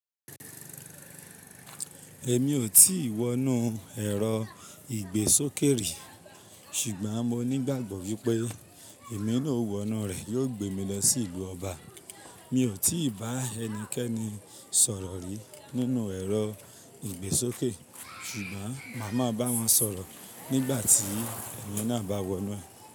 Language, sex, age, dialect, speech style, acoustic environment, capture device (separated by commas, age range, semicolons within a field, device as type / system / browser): Yoruba, male, 25-34, Nigeria - Ibadan, anxious; tired; relaxed; focused; excited; happy; nervous, people talking; music; nature; silence; traffic; construction; appliances, mobile / Linux / Chrome